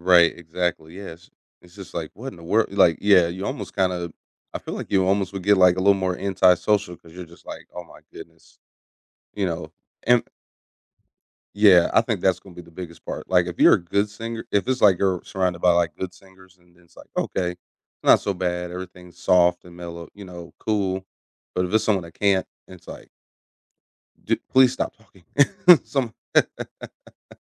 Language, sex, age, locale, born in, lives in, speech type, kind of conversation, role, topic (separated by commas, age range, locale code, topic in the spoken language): English, male, 30-34, en-US, United States, United States, conversation, unstructured, none, Would you rather live in a world where everyone sings instead of talking or dances instead of walking?